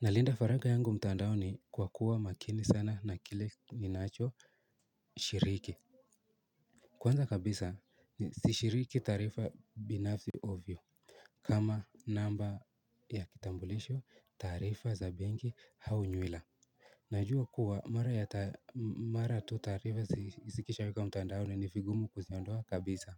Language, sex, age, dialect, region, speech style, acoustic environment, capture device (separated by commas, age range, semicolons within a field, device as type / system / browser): Swahili, male, 18-24, Kenya - Nairobi Sheng-influenced, Kenya, anxious, silence, mobile / Linux / Chrome